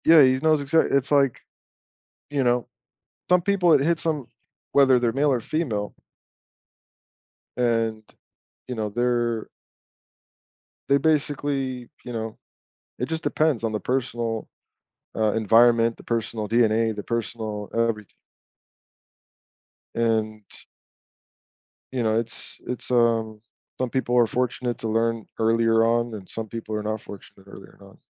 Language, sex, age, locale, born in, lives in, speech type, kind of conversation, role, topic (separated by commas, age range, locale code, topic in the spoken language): English, male, 35-39, en-US, United States, United States, conversation, unstructured, none, Would you rather invest in relationships or personal growth?